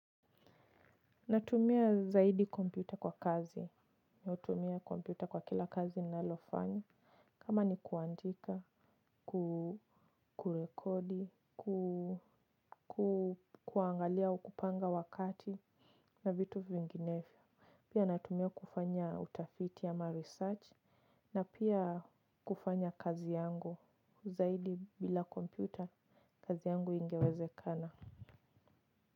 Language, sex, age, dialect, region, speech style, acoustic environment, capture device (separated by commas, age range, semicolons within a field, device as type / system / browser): Swahili, female, 25-34, Kenya - Nairobi Sheng-influenced, Kenya, focused, silence, mobile / Linux / Chrome